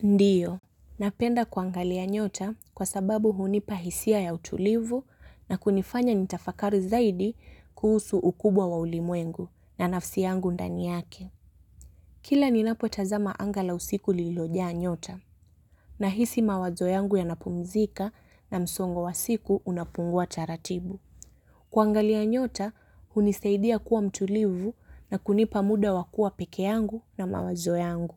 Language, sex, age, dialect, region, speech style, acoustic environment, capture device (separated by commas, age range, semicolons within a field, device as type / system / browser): Swahili, female, 18-24, Kenya - Mombasa, Kenya, relaxed, nature, mobile / Linux / Chrome